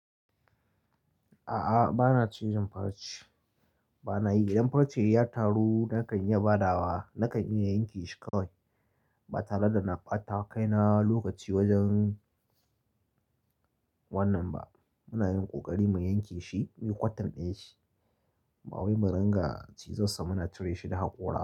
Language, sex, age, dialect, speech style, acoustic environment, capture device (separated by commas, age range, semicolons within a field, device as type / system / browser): Hausa, male, 25-34, Nigeria - Kano, happy, silence, mobile / Linux / Chrome